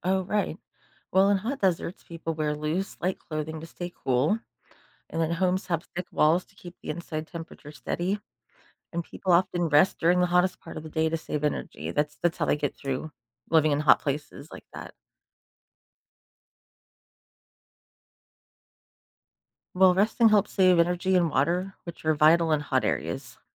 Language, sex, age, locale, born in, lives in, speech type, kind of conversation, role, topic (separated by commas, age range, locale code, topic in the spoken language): English, female, 40-44, en-US, United States, United States, read, expert-assistant, expert, How do humans adapt to desert environments?